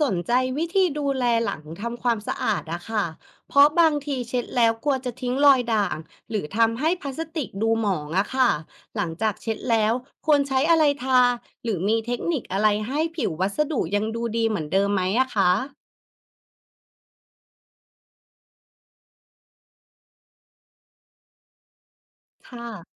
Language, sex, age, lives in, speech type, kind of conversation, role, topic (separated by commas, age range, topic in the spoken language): Thai, female, 35-39, Thailand, read, expert-assistant, asker, ของสะสมของฉันเริ่มมีเชื้อราและเสื่อมสภาพอย่างรวดเร็ว เกิดจากอะไรได้บ้างและควรดูแลอย่างไร?